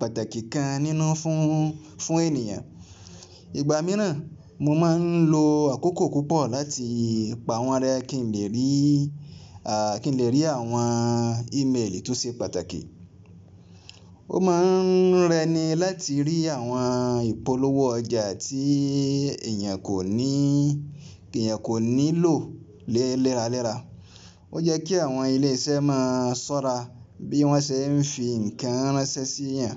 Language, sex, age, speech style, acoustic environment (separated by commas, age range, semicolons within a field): Yoruba, male, 25-34, relaxed, appliances; people talking; traffic; silence